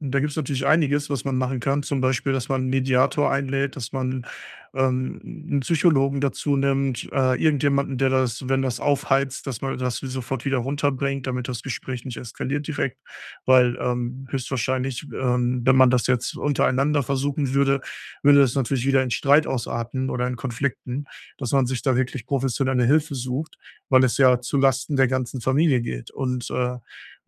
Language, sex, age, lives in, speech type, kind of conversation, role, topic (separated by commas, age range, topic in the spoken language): German, male, 35-39, Germany, conversation, advice, advisor, Wie spreche ich einen alten Familienkonflikt sachlich und fair an?